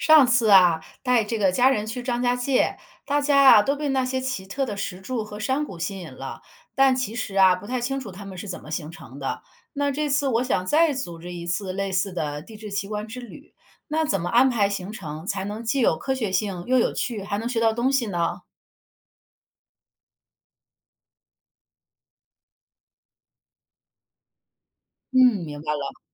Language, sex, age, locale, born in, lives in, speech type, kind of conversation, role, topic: Chinese, female, 40-44, zh-CN, China, United States, read, expert-assistant, asker, 怎样规划一次探索中国著名地质奇观的旅行，让行程既科学又有趣？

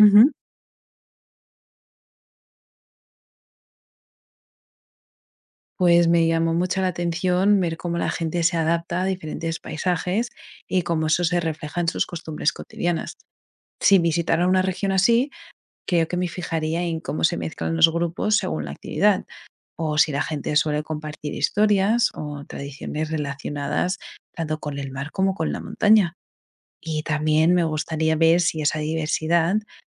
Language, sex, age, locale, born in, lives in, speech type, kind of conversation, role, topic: Spanish, female, 25-29, es-ES, Spain, United States, read, expert-assistant, asker, ¿Cómo influye el lugar donde vivimos en nuestra forma de ser?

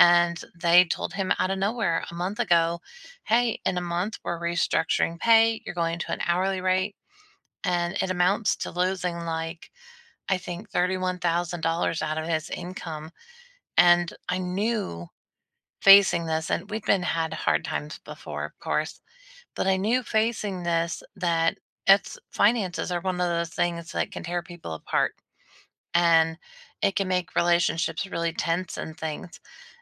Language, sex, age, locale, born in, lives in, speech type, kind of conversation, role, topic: English, female, 40-44, en-US, United States, United States, conversation, unstructured, none, What small daily gestures make your relationship feel special?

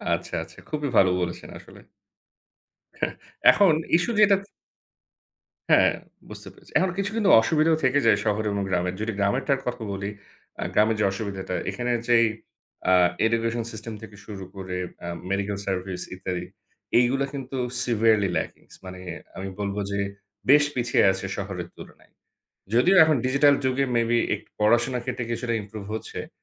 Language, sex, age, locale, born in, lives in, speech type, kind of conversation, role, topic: Bengali, male, 30-34, bn-BD, Bangladesh, Bangladesh, conversation, unstructured, none, আপনার মতে শহরে থাকা ভালো, নাকি গ্রামে থাকা ভালো?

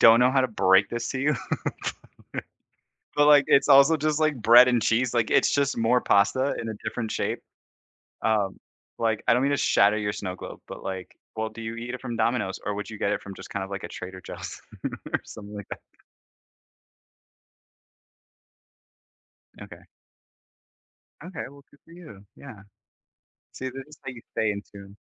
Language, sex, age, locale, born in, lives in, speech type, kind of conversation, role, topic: English, male, 30-34, en-US, United States, United States, conversation, unstructured, none, What’s your favorite comfort food, and why?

